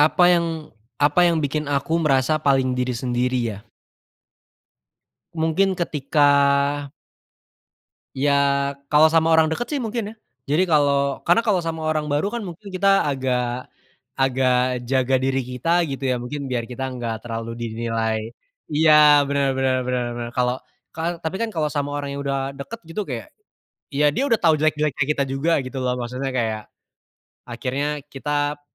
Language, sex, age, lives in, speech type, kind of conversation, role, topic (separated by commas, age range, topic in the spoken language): Indonesian, male, 20-24, Indonesia, conversation, unstructured, none, Apa yang membuat kamu merasa paling menjadi diri sendiri?